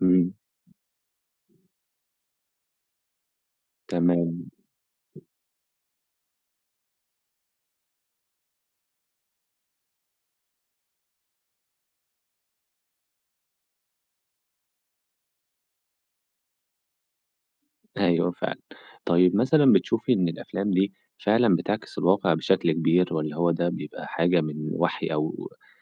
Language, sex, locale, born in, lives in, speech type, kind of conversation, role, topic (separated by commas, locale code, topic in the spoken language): Arabic, male, ar-EG, Egypt, Egypt, conversation, podcast, host, إيه اللي بيخلي فيلم يبقى كلاسيكي مع مرور السنين؟